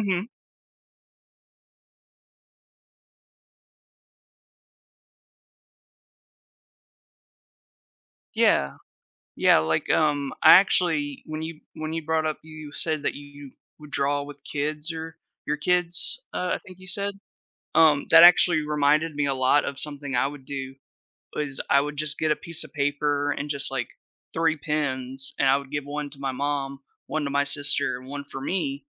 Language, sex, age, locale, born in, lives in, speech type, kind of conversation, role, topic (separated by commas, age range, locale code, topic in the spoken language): English, male, 30-34, en-US, United States, United States, conversation, podcast, guest, What strategies help you maintain motivation and keep growing over time?